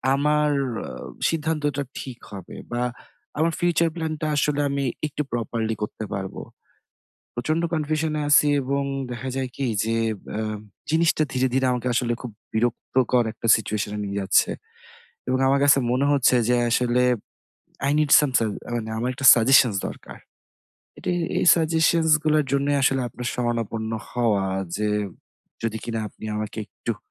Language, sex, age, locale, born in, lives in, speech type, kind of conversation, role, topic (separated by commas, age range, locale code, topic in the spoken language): Bengali, male, 30-34, bn-BD, Bangladesh, Bangladesh, conversation, advice, user, আমি কীভাবে আমার ভবিষ্যৎ পরিকল্পনার বিভিন্ন সম্ভাবনা ভেবে বড় সিদ্ধান্ত নিতে পারি?